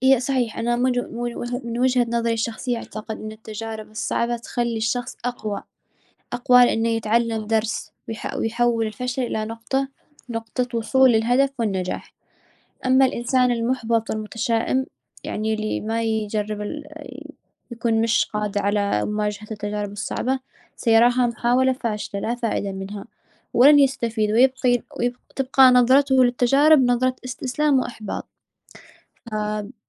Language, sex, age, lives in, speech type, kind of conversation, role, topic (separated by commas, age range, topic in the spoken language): Arabic, female, 20-24, United States, conversation, unstructured, none, إزاي التجارب الصعبة بتأثر على إحساسك بنفسك؟